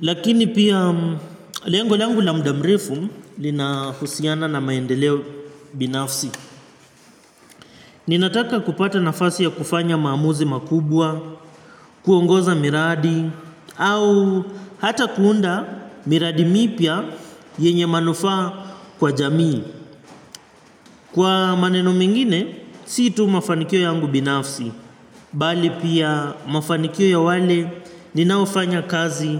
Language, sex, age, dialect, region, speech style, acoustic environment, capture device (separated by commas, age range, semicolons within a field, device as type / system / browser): Swahili, male, 25-34, Kenya - Mombasa, Kenya, relaxed; focused, appliances; nature, mobile / Linux / Chrome